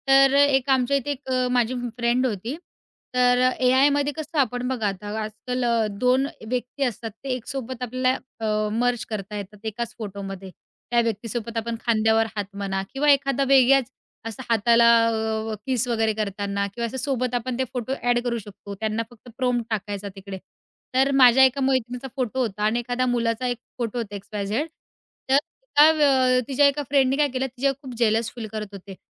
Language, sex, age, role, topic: Marathi, female, 20-24, guest, ऑनलाइन खाजगी माहिती शेअर करायची असल्यास तुम्ही आधी काय विचार करता?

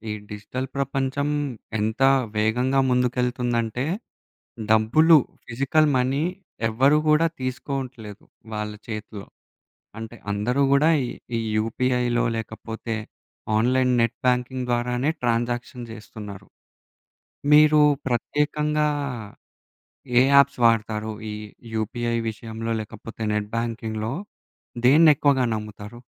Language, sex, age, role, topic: Telugu, male, 20-24, host, రోజువారీ దినచర్యకు మీకు అవసరమనిపించే యాప్‌లు ఏవి?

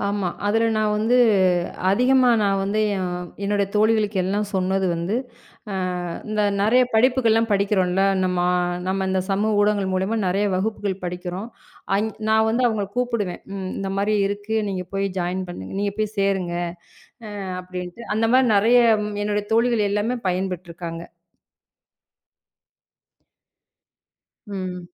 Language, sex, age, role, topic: Tamil, female, 35-39, guest, சமூக ஊடகங்கள் உங்கள் படைப்பிற்கு எவ்வாறு உதவுகின்றன?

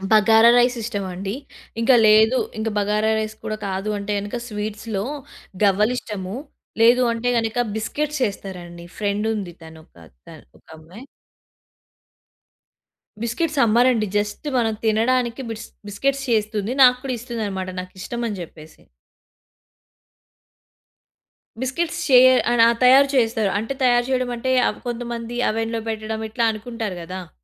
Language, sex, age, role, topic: Telugu, female, 20-24, guest, అమ్మ వంట రుచి మీకు ఏ జ్ఞాపకాలను గుర్తుచేస్తుంది?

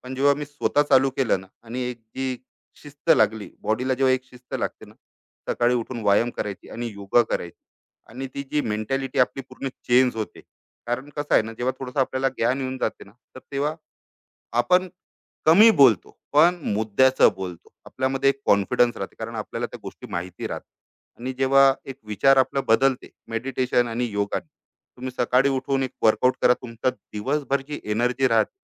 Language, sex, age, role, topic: Marathi, male, 35-39, guest, आत्मविश्वास वाढवण्यासाठी तुम्ही काय कराल?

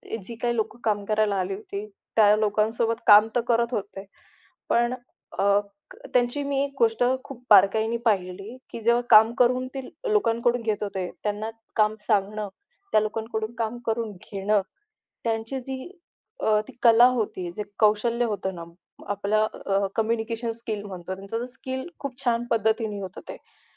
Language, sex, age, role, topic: Marathi, female, 25-29, guest, आजी-आजोबांनी शिकवलेली कोणती गोष्ट तुम्ही अजूनही पाळता?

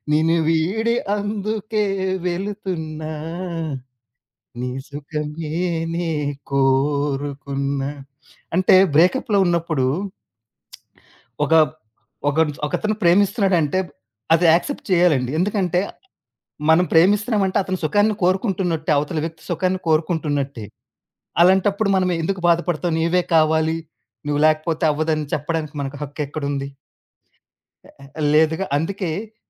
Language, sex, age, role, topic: Telugu, male, 35-39, guest, ఏ పని చేస్తుంటే మీరు సమయం మర్చిపోతారు?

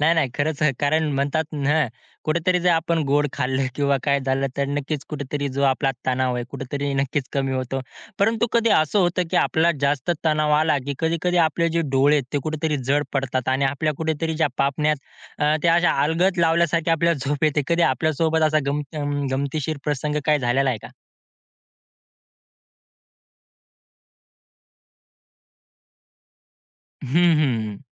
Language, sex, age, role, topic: Marathi, male, 20-24, host, तुमच्या शरीरात तणावाची कोणती लक्षणे दिसतात?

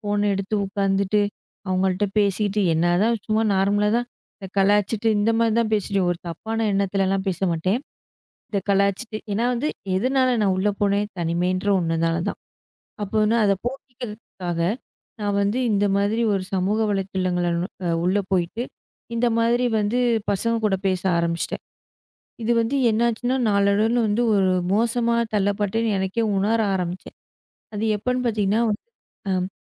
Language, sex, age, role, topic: Tamil, female, 25-29, guest, தனிமை வந்த சமயத்தில் நீங்கள் முதலில் என்ன செய்வீர்கள்?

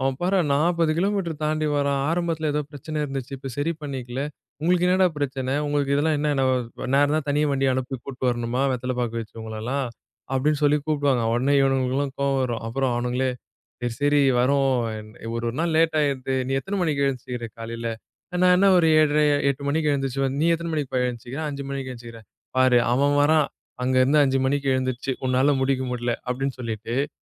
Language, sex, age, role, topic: Tamil, male, 20-24, guest, யாரோ ஒருவர் உன்னை உண்மையாகப் புரிந்துகொண்டதை முதன்முறையாக உணர்ந்தபோது, உனக்கு எப்படி தோன்றியது?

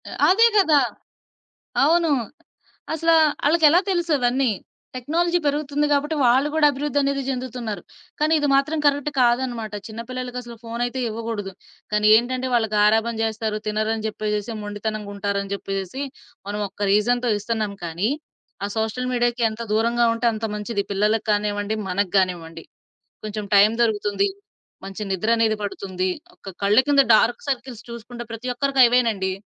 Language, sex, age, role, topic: Telugu, female, 20-24, guest, సోషల్ మీడియా మన సంస్కృతిని ఎలా మార్చిందని మీరు భావిస్తున్నారు?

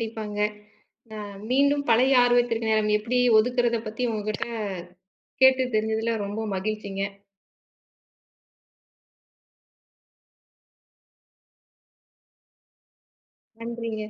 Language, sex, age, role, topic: Tamil, female, 35-39, host, நீங்கள் மீண்டும் உங்கள் பழைய ஆர்வத்தைத் தொடங்க, நேரத்தை எப்படி ஒதுக்குகிறீர்கள்?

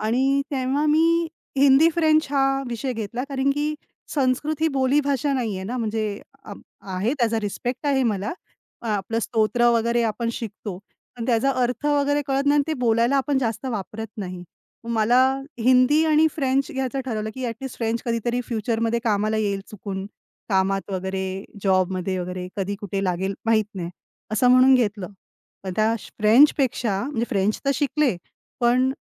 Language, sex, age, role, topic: Marathi, female, 35-39, guest, एखादा आवडता छंद आणि तो कसा सुरू झाला सांगाल का?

